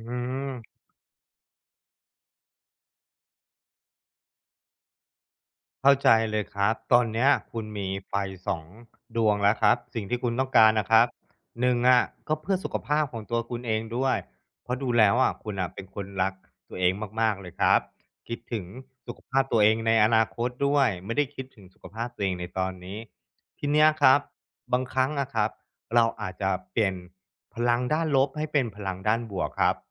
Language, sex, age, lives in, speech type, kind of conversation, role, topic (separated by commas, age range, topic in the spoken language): Thai, male, 35-39, Thailand, conversation, advice, advisor, กลับมาออกกำลังกายหลังพักมานานแล้วรู้สึกท้อและกลัวเริ่มใหม่ ควรทำอย่างไร?